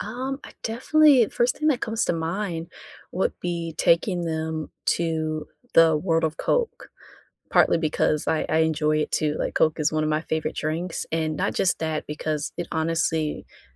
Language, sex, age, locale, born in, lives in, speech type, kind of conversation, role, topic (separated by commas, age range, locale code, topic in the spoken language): English, female, 25-29, en-US, United States, United States, conversation, unstructured, none, Where would you take your friends first if they visited tomorrow?